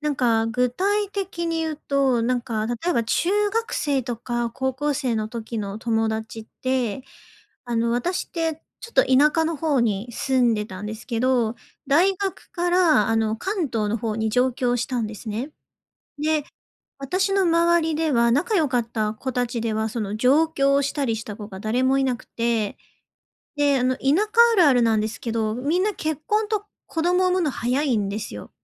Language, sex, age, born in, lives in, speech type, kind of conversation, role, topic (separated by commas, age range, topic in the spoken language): Japanese, female, 30-34, Japan, Japan, conversation, advice, user, 友情が変わって寂しいとき、どう受け入れたらいいですか？